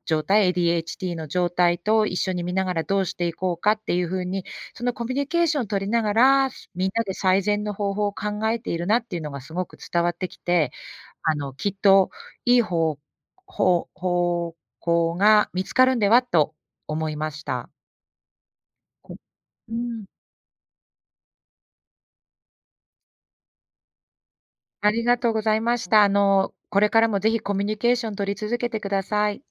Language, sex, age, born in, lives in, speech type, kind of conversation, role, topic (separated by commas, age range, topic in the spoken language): Japanese, female, 45-49, Japan, United States, conversation, advice, advisor, 子どものしつけ方をめぐって親同士が対立しているとき、どうすればよいですか？